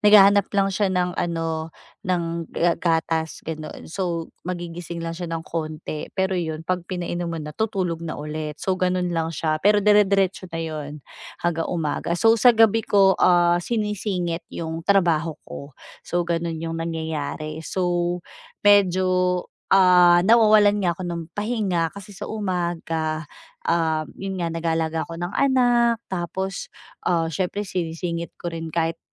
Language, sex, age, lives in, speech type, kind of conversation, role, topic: Filipino, female, 35-39, Philippines, conversation, advice, user, Paano ako magtatakda ng regular na oras ng pagtulog tuwing gabi?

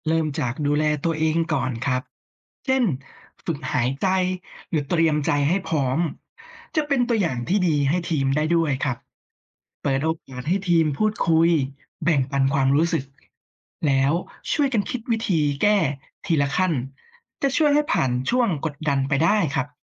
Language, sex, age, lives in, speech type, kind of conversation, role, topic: Thai, male, 30-34, Thailand, read, expert-assistant, expert, หัวหน้าทีมจะรับมือความเครียดและสร้างความไว้วางใจในทีมได้อย่างไร?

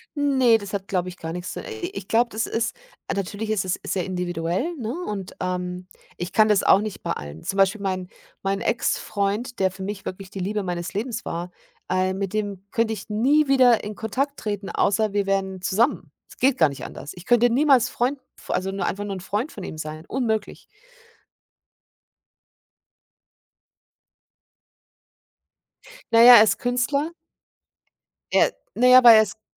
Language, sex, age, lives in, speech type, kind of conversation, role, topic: German, female, 50-54, Germany, conversation, unstructured, none, Was bedeutet es für dich, zu dir selbst zu stehen?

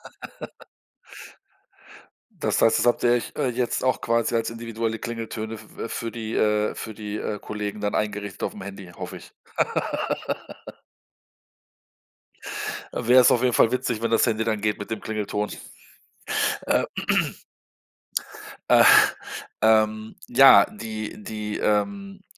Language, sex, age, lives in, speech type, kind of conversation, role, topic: German, male, 50-54, Germany, conversation, podcast, host, Wie sah ein typisch nostalgischer Fernsehabend mit Freunden bei dir aus?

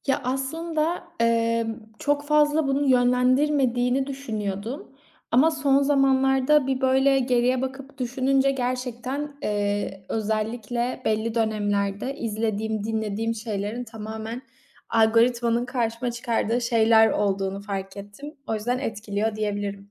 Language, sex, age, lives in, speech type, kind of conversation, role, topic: Turkish, female, 25-29, Italy, conversation, podcast, guest, Dijital algoritmalar film ve müzik zevklerini nasıl yönlendiriyor?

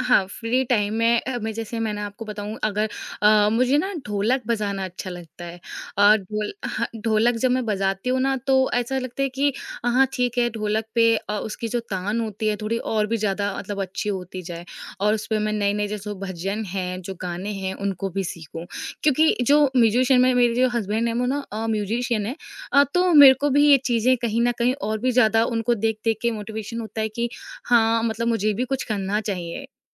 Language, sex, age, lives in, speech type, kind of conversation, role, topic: Hindi, female, 20-24, India, conversation, podcast, guest, Tum hamesha curious rehne ke liye kya karte ho?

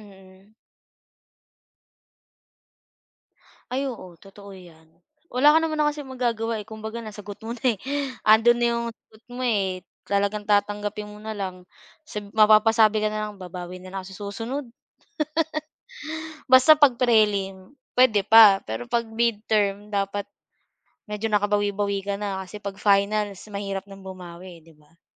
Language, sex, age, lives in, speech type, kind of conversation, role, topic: Filipino, female, 25-29, Philippines, conversation, unstructured, none, Ano ang nararamdaman mo tuwing may pagsusulit?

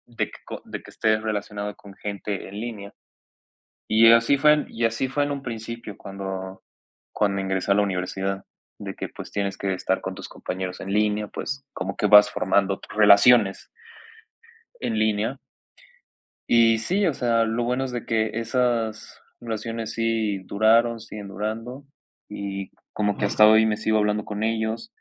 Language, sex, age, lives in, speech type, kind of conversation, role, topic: Spanish, male, 20-24, Mexico, conversation, advice, user, ¿Cómo quieres construir y mantener una red profesional?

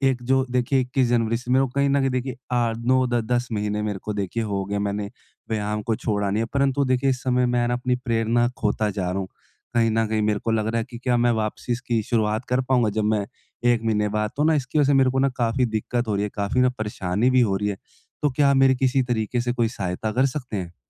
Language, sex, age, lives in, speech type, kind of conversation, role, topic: Hindi, male, 20-24, India, conversation, advice, user, चोट या किसी रुकावट के दौरान मैं प्रेरणा कैसे बनाए रखूँ?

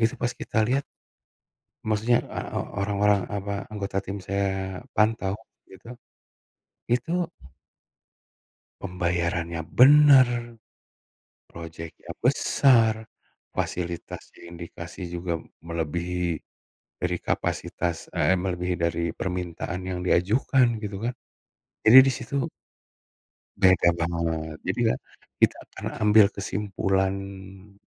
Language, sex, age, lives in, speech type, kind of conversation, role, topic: Indonesian, male, 40-44, Indonesia, conversation, podcast, guest, Bagaimana cara menjaga emosi saat harus bersikap tegas dalam situasi yang memanas?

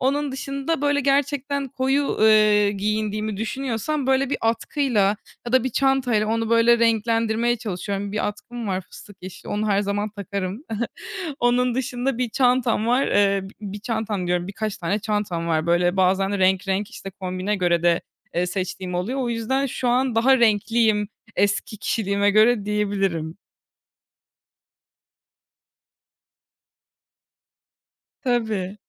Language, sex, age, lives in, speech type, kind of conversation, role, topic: Turkish, female, 20-24, Germany, conversation, podcast, guest, Kişisel stilin zaman içinde nasıl değişti, örnek verebilir misin?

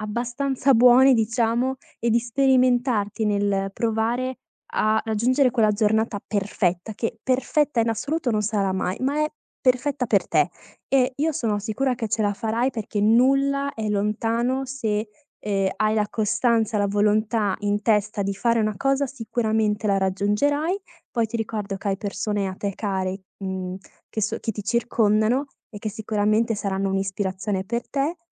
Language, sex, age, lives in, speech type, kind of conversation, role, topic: Italian, female, 20-24, Italy, conversation, advice, advisor, Come posso gestire il perfezionismo che mi causa blocchi e stress?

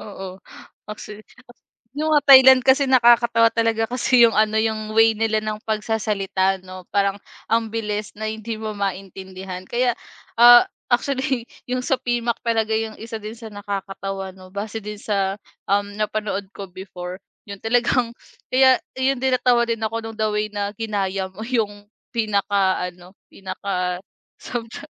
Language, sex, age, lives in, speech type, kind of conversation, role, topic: Filipino, female, 25-29, Philippines, conversation, podcast, host, Ano ang epekto ng mga subtitulo at pagdo-dub sa pagtangkilik sa mga palabas?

